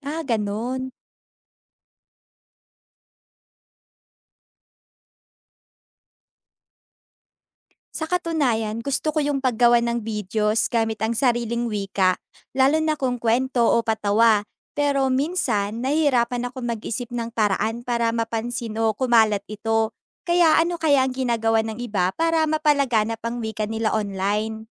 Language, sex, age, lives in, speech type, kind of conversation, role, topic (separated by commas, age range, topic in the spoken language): Filipino, female, 20-24, Philippines, read, expert-assistant, asker, Ano ang magiging epekto kung iisang wika na lang ang gagamitin sa buong bansa?